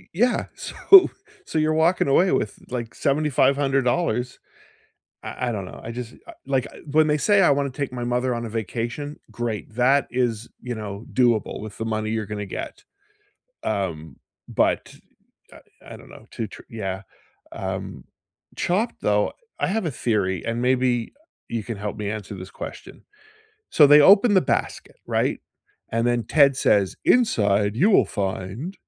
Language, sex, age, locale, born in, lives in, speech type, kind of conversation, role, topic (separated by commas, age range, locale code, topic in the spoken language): English, male, 55-59, en-CA, Canada, United States, conversation, unstructured, none, Which comfort shows do you rewatch again and again, and what makes them feel like home to you?